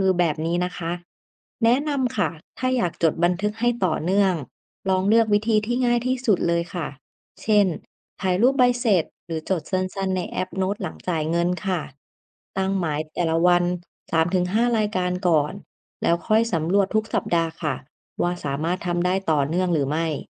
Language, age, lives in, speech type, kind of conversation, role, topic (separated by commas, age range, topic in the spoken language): Thai, 30-34, Thailand, read, expert-assistant, expert, คนเราตัดสินใจซื้อของตามใจหรือมีเหตุผลจริง ๆ? แล้วอะไรบ้างที่ทำให้เราตัดสินใจผิดจากที่คิดไว้?